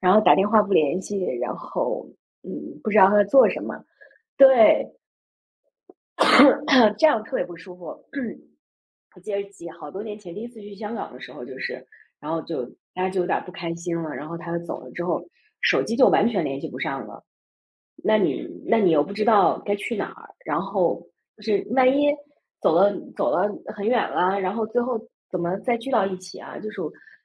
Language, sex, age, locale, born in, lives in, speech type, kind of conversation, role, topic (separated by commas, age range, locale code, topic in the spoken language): Chinese, female, 40-44, zh-CN, China, United States, conversation, unstructured, none, 你觉得旅行中最让人失望的经历是什么？